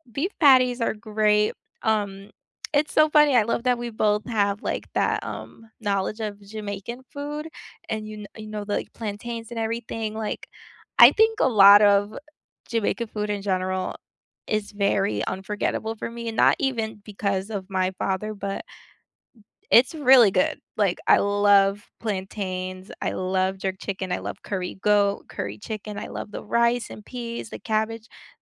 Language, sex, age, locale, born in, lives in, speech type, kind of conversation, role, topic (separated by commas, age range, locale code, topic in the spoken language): English, female, 25-29, en-US, United States, United States, conversation, unstructured, none, What is the most unforgettable street food you have tried while traveling, and what is the story behind it?